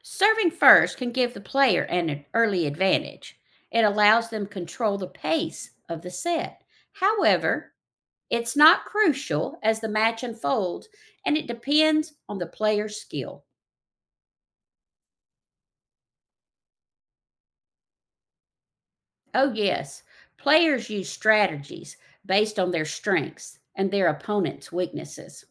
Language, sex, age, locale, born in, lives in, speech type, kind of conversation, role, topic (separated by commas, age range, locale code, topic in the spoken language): English, female, 55-59, en-US, United States, United States, read, expert-assistant, expert, Explain how tennis scoring works.